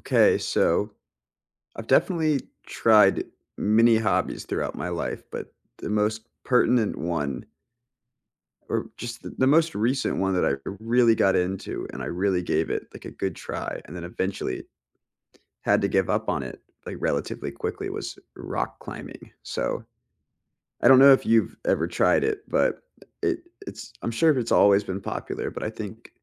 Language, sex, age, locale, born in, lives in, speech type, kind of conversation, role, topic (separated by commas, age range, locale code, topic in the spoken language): English, male, 25-29, en-US, United States, United States, conversation, unstructured, none, What hobby have you tried but gave up on quickly?